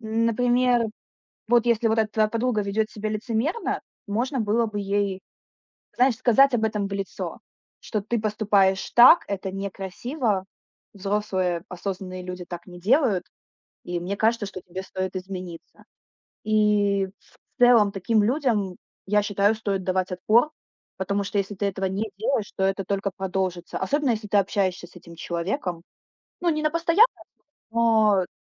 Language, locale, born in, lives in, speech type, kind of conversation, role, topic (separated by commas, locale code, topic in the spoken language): Russian, ru-RU, Ukraine, Estonia, conversation, unstructured, none, Что вас обычно больше всего огорчает в людях?